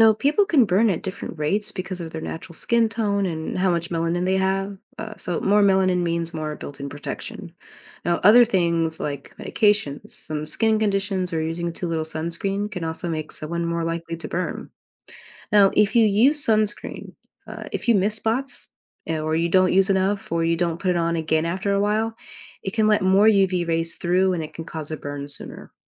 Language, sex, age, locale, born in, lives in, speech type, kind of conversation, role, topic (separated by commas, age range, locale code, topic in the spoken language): English, female, 30-34, en-US, United States, United States, read, expert-assistant, expert, How does sunscreen protect our skin?